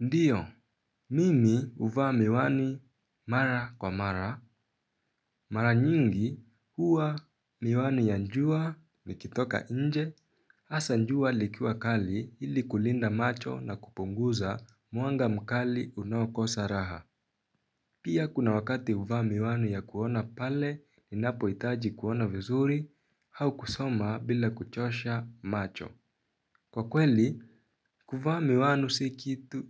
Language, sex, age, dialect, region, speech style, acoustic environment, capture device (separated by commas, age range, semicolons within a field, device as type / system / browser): Swahili, male, 18-24, Kenya - Nairobi Sheng-influenced, Kenya, relaxed, silence, mobile / Linux / Chrome